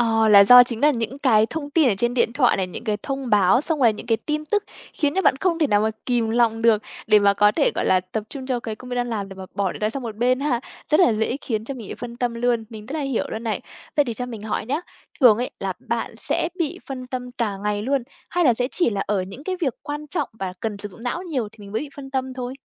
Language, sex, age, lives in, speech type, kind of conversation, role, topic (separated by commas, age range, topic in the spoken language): Vietnamese, female, 20-24, Vietnam, conversation, advice, advisor, Làm sao để giữ tỉnh táo và tập trung khi tôi dễ bị phân tâm suốt ngày?